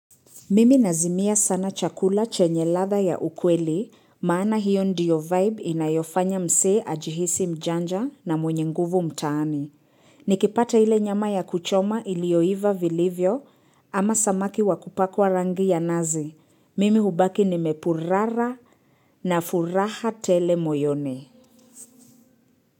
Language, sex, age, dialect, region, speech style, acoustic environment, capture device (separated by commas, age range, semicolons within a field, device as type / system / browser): Swahili, female, 25-34, Kenya - Nairobi Sheng-influenced, Kenya, relaxed, silence, mobile / Linux / Chrome